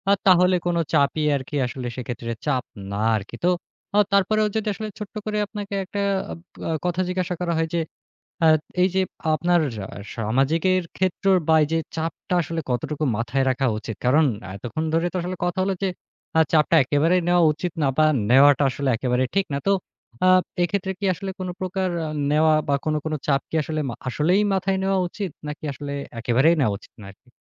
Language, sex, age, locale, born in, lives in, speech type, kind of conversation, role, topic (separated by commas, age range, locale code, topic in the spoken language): Bengali, male, 25-29, bn-BD, Bangladesh, Bangladesh, conversation, podcast, host, সামাজিক চাপের মধ্যে থেকেও আপনি কীভাবে নিজেকে আসল রাখেন?